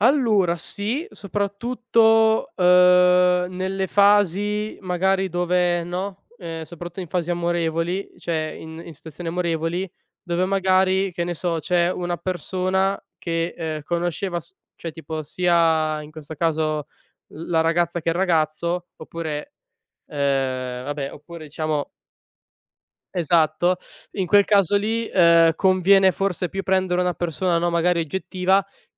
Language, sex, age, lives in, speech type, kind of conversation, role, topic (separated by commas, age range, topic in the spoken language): Italian, male, 20-24, Italy, conversation, podcast, guest, Qual è il ruolo dell’ascolto attivo nel ricucire un rapporto?